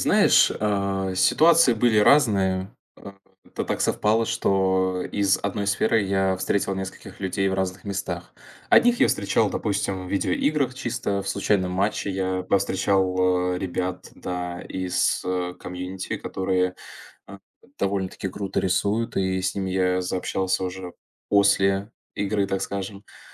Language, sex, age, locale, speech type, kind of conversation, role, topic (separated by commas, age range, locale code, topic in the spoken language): Russian, male, 20-24, ru-RU, conversation, podcast, guest, Чему тебя научили люди, которых ты встречал(а) на своём пути?